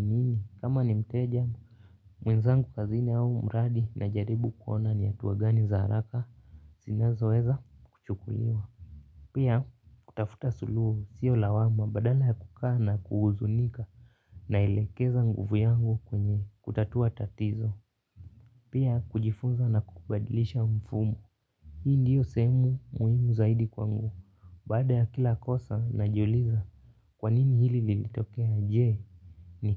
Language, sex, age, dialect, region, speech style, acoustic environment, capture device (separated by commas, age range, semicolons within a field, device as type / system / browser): Swahili, male, 18-24, Kenya - Nairobi Sheng-influenced, Kenya, focused; happy, nature, mobile / Linux / Chrome